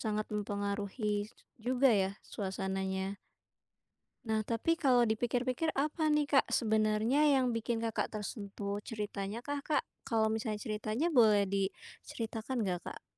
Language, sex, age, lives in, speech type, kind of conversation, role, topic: Indonesian, female, 25-29, Indonesia, conversation, podcast, host, Film apa yang pernah membuat kamu menangis atau terbawa perasaan?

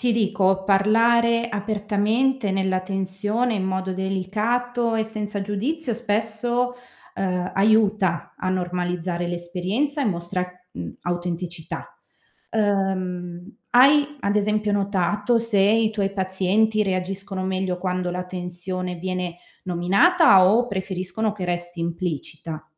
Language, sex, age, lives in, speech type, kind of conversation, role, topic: Italian, female, 30-34, Italy, read, expert-assistant, expert, Come si può imparare a creare fiducia con i pazienti, anche quando sono preoccupati o nervosi?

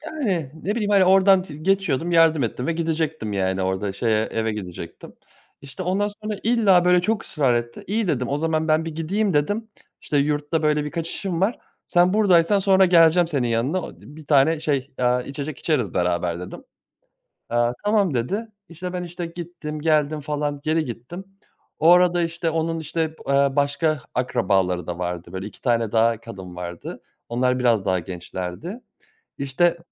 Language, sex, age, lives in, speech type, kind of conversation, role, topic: Turkish, male, 30-34, Germany, conversation, podcast, guest, Yolda tanıştığın ilginç birini anlatır mısın?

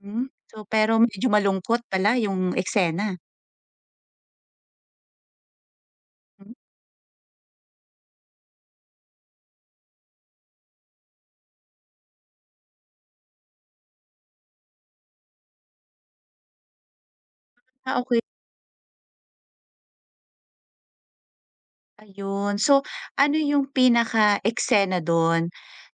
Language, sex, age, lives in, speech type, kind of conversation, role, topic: Filipino, female, 40-44, Philippines, conversation, podcast, host, Anong pelikula ang nagpaalala sa iyo ng halaga ng pamilya?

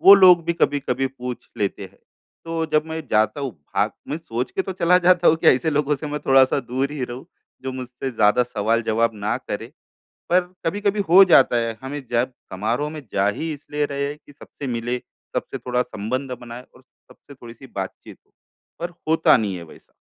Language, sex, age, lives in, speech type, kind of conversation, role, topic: Hindi, male, 35-39, India, conversation, advice, user, समारोहों में सामाजिक दबाव को कैसे संभाला जा सकता है?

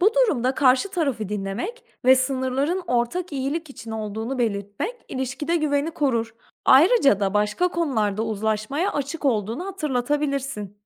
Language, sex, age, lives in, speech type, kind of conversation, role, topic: Turkish, female, 30-34, Portugal, read, expert-assistant, expert, Yakın ilişkilerde isteklerimizden ne zaman vazgeçmeli, ne zaman ısrarcı olmalıyız? Bunun sınırını nasıl belirleriz?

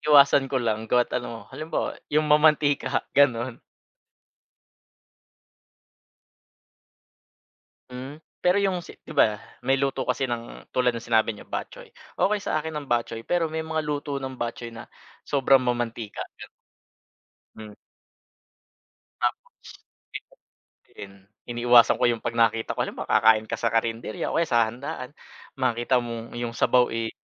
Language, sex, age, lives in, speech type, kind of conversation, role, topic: Filipino, male, 30-34, Philippines, conversation, unstructured, none, Paano mo ipinagdiriwang ang mga espesyal na okasyon sa pamamagitan ng pagkain?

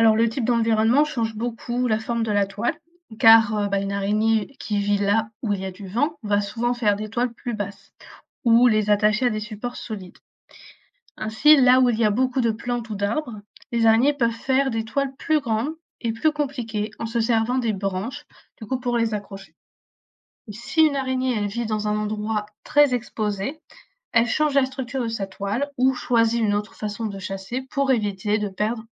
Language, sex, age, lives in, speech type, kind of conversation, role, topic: French, female, 20-24, France, read, expert-assistant, expert, Comment les araignées tissent-elles leurs toiles ?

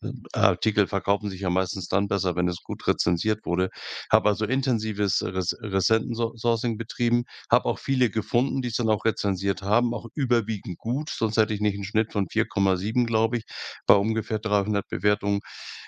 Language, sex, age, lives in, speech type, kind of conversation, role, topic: German, male, 65-69, Germany, conversation, podcast, guest, Kannst du von einem deiner liebsten kreativen Projekte erzählen?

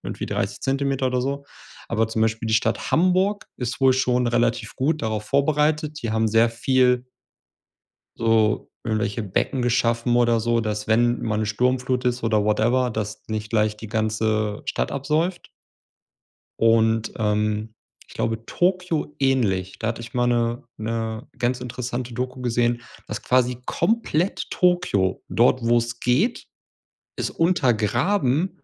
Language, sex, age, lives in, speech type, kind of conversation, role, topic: German, male, 30-34, Germany, conversation, unstructured, none, Wie bedrohen Naturkatastrophen unsere Gesellschaft?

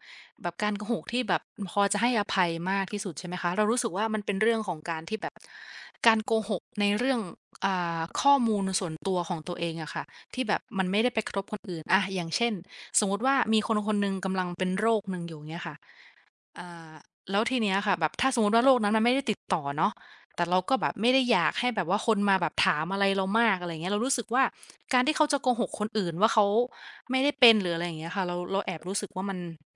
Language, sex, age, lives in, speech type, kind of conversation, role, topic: Thai, female, 25-29, Thailand, conversation, unstructured, none, ในสถานการณ์แบบไหนที่คุณคิดว่าการโกหกเป็นเรื่องที่ยอมรับได้?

